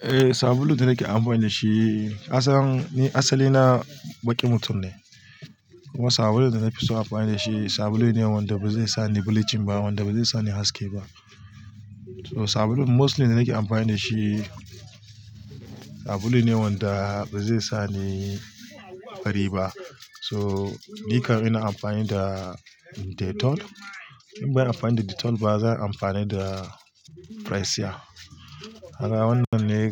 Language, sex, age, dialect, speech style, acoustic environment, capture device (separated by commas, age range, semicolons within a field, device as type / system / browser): Hausa, male, 35-44, Nigeria - Kano, focused; relaxed, nature, mobile / Linux / Chrome